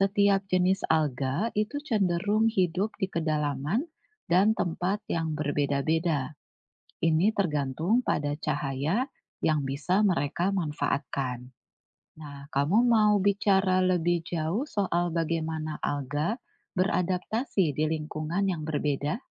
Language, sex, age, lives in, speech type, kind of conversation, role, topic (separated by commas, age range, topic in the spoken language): Indonesian, female, 40-44, Indonesia, read, expert-assistant, expert, Bagaimana proses terjadinya fotosintesis pada alga?